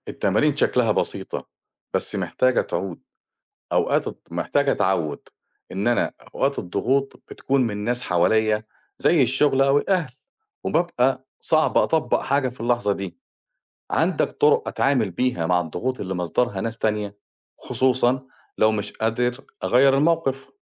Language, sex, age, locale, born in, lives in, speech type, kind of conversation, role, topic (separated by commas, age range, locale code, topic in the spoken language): Arabic, male, 45-49, ar-EG, Egypt, Portugal, read, expert-assistant, asker, إزاي ممكن أتعامل مع الضغوط اليومية بشكل وقائي قبل ما تأثر على صحتي النفسية والجسدية؟